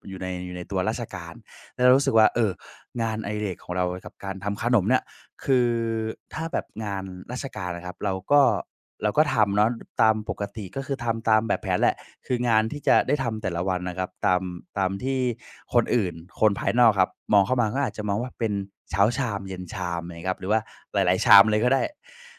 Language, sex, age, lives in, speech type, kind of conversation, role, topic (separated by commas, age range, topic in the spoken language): Thai, male, 20-24, Thailand, conversation, advice, user, ฉันควรรับข้อเสนองานใหม่ตอนนี้หรือรอโอกาสที่ปลอดภัยกว่านี้?